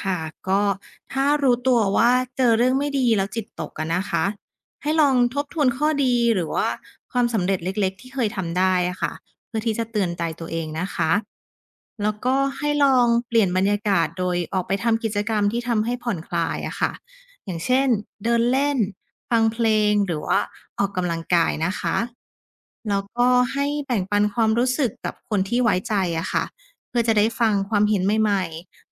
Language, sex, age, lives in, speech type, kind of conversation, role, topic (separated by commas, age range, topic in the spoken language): Thai, female, 30-34, Thailand, read, expert-assistant, expert, การฝึกคิดบวกช่วยให้เผชิญปัญหาได้ดีขึ้นอย่างไร